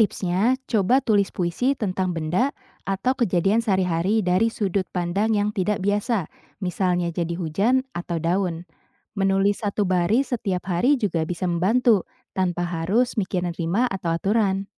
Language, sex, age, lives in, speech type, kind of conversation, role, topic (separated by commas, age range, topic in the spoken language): Indonesian, female, 25-29, Indonesia, read, expert-assistant, expert, Bagaimana puisi bisa membantu kita melihat dunia dari sudut pandang yang berbeda dan unik?